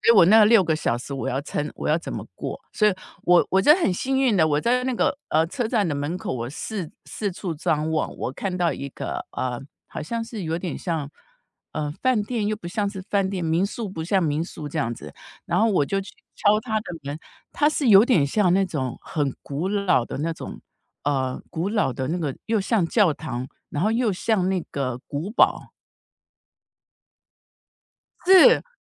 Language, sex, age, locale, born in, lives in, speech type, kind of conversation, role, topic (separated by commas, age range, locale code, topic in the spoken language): Chinese, female, 55-59, zh-TW, Taiwan, United States, conversation, podcast, guest, 你从独自旅行中学到的最重要的一件事是什么？